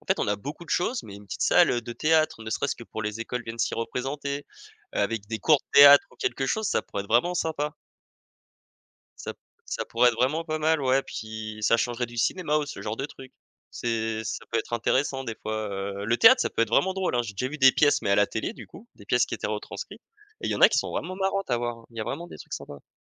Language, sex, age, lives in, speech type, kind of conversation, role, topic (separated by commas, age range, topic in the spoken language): French, male, 25-29, France, conversation, unstructured, none, Qu’est-ce qui te plaît le plus dans ta ville ou ton quartier ?